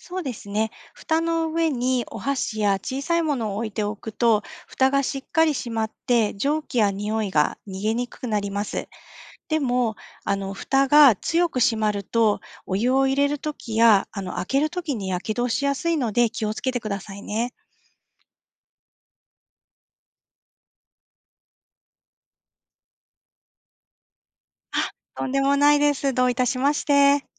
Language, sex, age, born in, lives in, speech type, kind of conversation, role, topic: Japanese, female, 45-49, Japan, Japan, read, expert-assistant, expert, なぜカップラーメンの麺はお湯で戻るのか説明してください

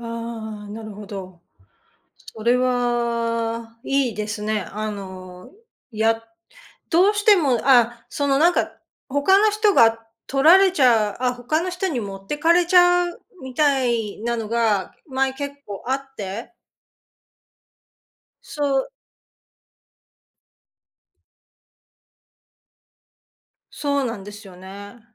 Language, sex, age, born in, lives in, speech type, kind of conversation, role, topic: Japanese, female, 55-59, Japan, United States, conversation, advice, user, セールで買いすぎて無駄遣いが増えてしまうのはなぜですか？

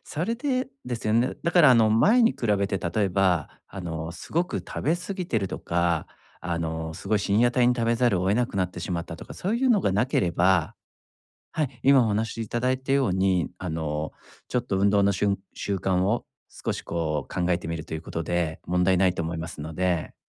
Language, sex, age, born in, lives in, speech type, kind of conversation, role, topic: Japanese, male, 40-44, Japan, Japan, conversation, advice, advisor, どうすれば習慣を身につけて、継続できますか？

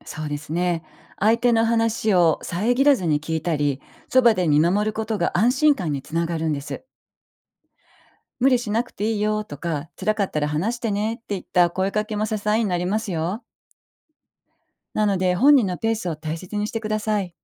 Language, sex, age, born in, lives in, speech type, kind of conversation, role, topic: Japanese, female, 55-59, Japan, Japan, read, expert-assistant, expert, ストレスが原因で心身の不調が出た場合、日常生活の中で実践できるセルフケアや効果的な対処法は何ですか？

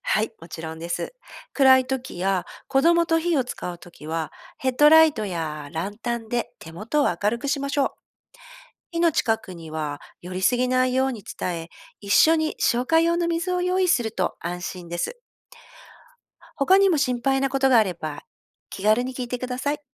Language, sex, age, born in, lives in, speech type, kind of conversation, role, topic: Japanese, female, 50-54, Japan, Japan, read, expert-assistant, expert, 経験者が実践している、効率的にキャンプスキルを身につけるコツは何でしょうか？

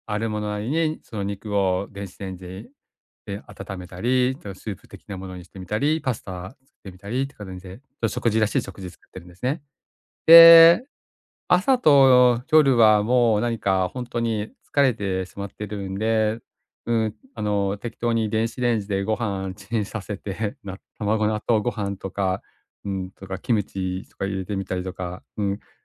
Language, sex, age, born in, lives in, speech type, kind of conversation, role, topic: Japanese, male, 45-49, Japan, Japan, conversation, advice, user, 食費を抑えながら健康的な食生活を続けるにはどうすればよいですか？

